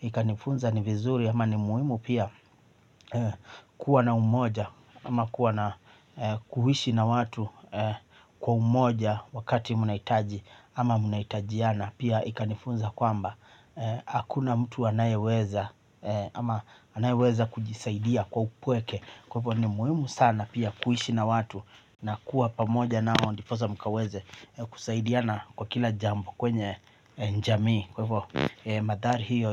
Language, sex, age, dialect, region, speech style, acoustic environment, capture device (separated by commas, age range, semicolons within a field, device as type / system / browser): Swahili, male, 25-34, Kenya - Mombasa, Kenya, happy, silence, mobile / Linux / Chrome